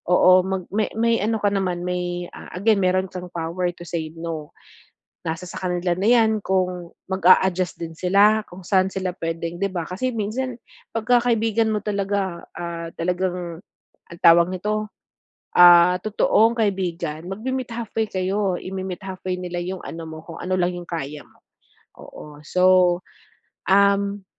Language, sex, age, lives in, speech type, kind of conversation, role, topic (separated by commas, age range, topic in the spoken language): Filipino, female, 40-44, Philippines, conversation, advice, advisor, Paano ko haharapin ang presyur na sumunod sa inaasahan ng grupo?